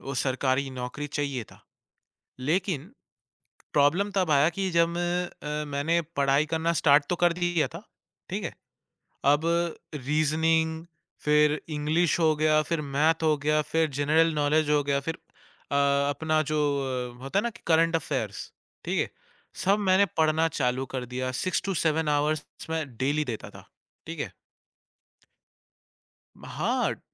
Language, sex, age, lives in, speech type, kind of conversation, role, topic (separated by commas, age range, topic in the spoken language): Hindi, male, 20-24, India, conversation, podcast, guest, Failure ke baad aap khud ko kaise sambhalte hain aur phir se kaise aage badhte hain?